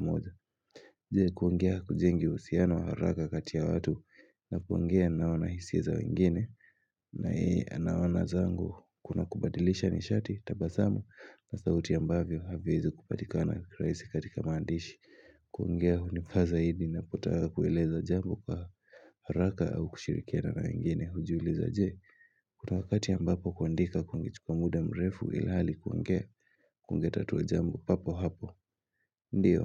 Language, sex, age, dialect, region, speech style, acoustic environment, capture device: Swahili, male, 18-24, Kenya - Mombasa, Kenya, happy, silence, mobile / Linux / Chrome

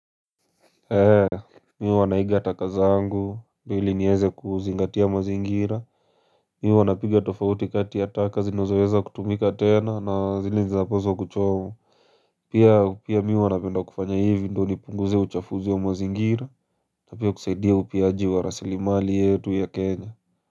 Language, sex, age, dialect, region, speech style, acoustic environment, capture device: Swahili, male, 18-24, Kenya - Nairobi Sheng-influenced, Kenya, relaxed, silence, mobile / Linux / Chrome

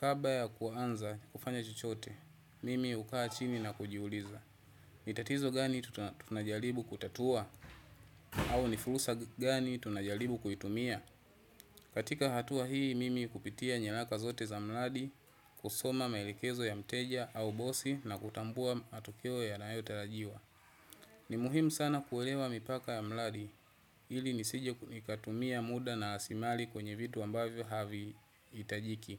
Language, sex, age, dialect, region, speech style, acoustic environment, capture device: Swahili, male, 25-34, Tanzania - Dar es Salaam, Tanzania, relaxed, people talking; traffic; nature, desktop / Windows / Chrome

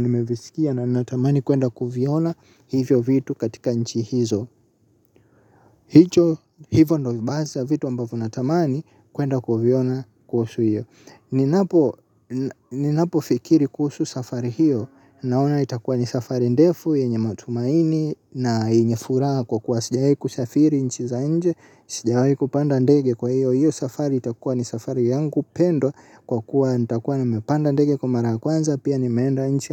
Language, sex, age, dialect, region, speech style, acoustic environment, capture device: Swahili, male, 18-24, Tanzania - Dar es Salaam, Tanzania, happy; excited, silence, mobile / Linux / Chrome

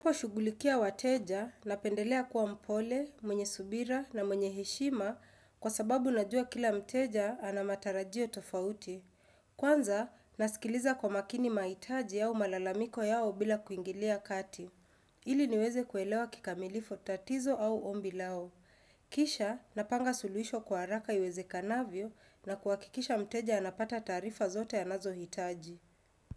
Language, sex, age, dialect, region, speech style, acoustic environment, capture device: Swahili, female, 25-34, Kenya - Nairobi Sheng-influenced, Kenya, relaxed, silence, desktop / Windows / Chrome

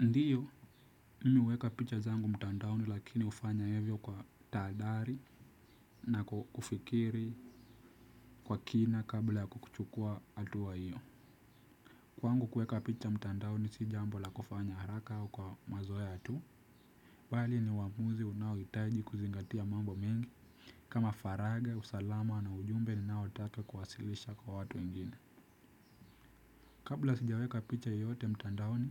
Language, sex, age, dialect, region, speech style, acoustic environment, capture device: Swahili, male, 18-24, Kenya - Nairobi Sheng-influenced, Kenya, relaxed, nature; silence; music; appliances, desktop / Windows / Chrome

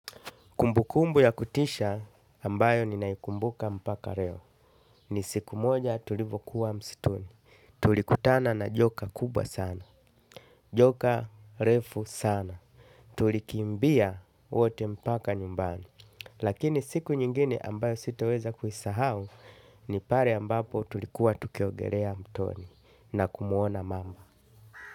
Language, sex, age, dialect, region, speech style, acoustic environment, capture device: Swahili, male, 35-44, Tanzania - Dar es Salaam, Tanzania, happy, nature, desktop / Linux / Chrome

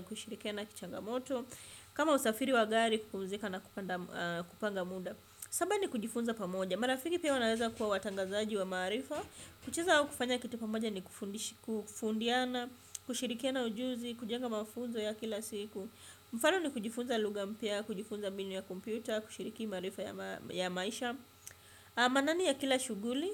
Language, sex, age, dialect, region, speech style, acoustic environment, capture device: Swahili, female, 25-34, Kenya - Mombasa, Kenya, relaxed, silence, desktop / Windows / Chrome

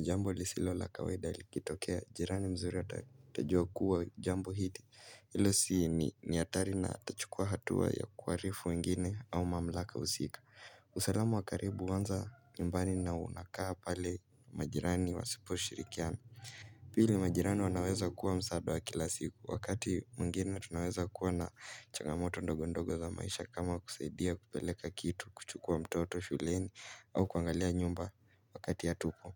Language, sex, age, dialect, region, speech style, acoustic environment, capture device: Swahili, male, 18-24, Kenya - Mombasa, Kenya, anxious, silence, mobile / Linux / Chrome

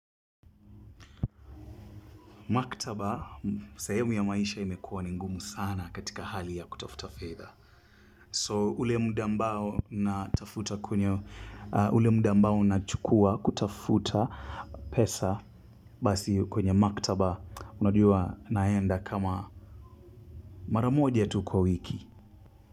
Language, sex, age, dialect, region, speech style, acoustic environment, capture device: Swahili, male, 25-34, Kenya - Mombasa, Kenya, excited, silence, mobile / Linux / Chrome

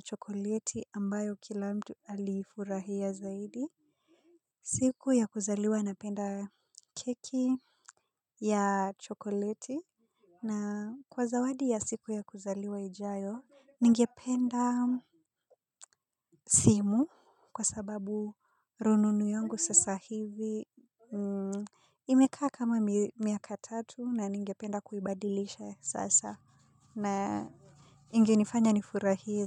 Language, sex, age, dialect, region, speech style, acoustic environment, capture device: Swahili, female, 25-34, Kenya - Nairobi Sheng-influenced, Kenya, happy; relaxed; focused, silence; people talking; nature; appliances, mobile / Linux / Chrome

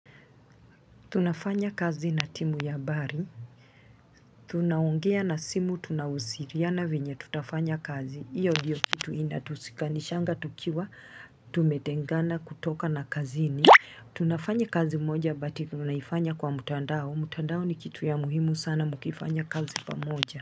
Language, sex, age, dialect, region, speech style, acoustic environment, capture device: Swahili, female, 35-44, Kenya - Mombasa, Kenya, happy, people talking, mobile / Linux / Chrome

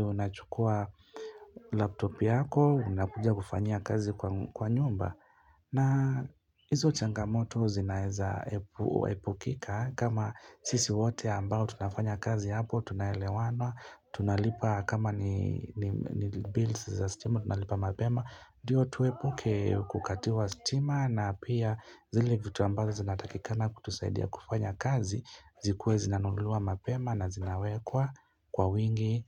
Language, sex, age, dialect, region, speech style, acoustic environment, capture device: Swahili, male, 25-34, Kenya - Mombasa, Kenya, happy; relaxed; focused, nature; people talking, mobile / Linux / Chrome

